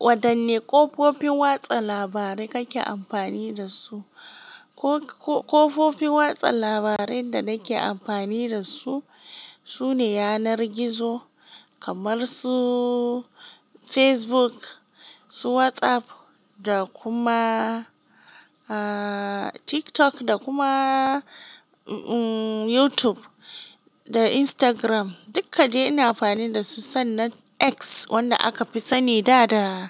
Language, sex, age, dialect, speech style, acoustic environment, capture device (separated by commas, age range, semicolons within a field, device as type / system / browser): Hausa, female, 18-24, Nigeria - Kano, happy; focused; excited, silence, mobile / Linux / Chrome